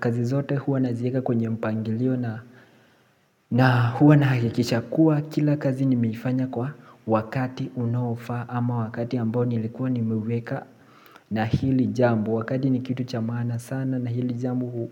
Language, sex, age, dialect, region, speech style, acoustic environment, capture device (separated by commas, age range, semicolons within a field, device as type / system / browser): Swahili, male, 18-24, Kenya - Mombasa, Kenya, relaxed, people talking; appliances, mobile / Linux / Chrome